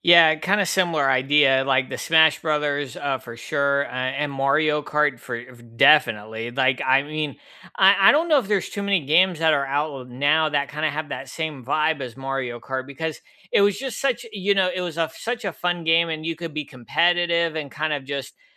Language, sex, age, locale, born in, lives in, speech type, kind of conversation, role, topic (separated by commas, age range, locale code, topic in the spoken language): English, male, 35-39, en-US, United States, United States, conversation, unstructured, none, Which video games make you feel like you’re hanging out with friends?